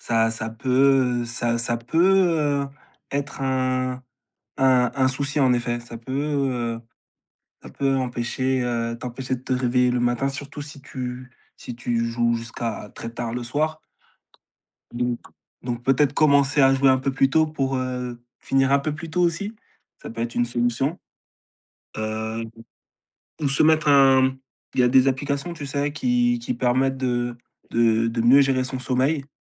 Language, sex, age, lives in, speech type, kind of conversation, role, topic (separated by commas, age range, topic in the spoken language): French, male, 25-29, France, conversation, advice, advisor, Pourquoi n’arrives-tu pas à suivre une routine matinale régulière ?